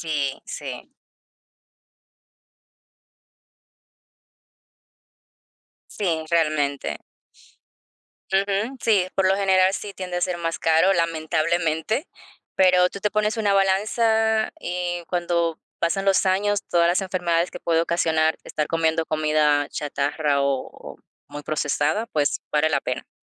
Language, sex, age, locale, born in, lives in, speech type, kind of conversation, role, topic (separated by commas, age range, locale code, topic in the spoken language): Spanish, female, 30-34, es-DO, Dominican Republic, United States, conversation, unstructured, none, ¿Has probado alguna comida que te haya sorprendido mucho?